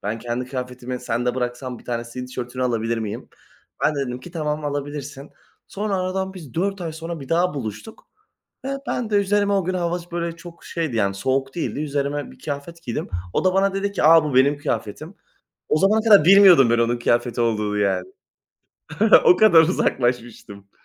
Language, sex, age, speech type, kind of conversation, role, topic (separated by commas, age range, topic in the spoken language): Turkish, male, 20-24, conversation, unstructured, none, Kişisel tarzın, kimliğini nasıl yansıtıyor?